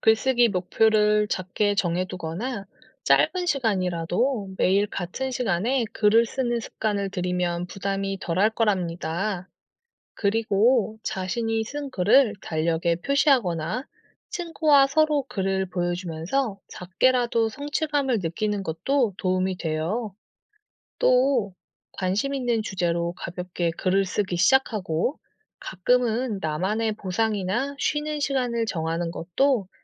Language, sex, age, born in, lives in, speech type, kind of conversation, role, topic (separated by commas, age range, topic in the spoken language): Korean, female, 35-39, South Korea, South Korea, read, expert-assistant, expert, 글쓰기 연습이 사고력과 표현력 향상에 어떤 도움이 되나요?